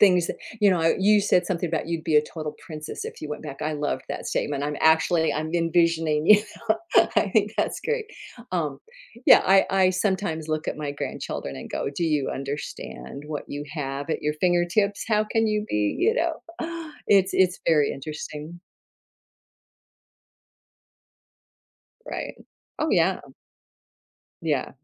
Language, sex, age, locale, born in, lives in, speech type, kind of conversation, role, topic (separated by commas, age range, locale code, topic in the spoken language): English, female, 70-74, en-US, United States, United States, conversation, unstructured, none, Would you rather live in a world where technology is advanced but nature is gone, or in a world where nature thrives but technology is primitive?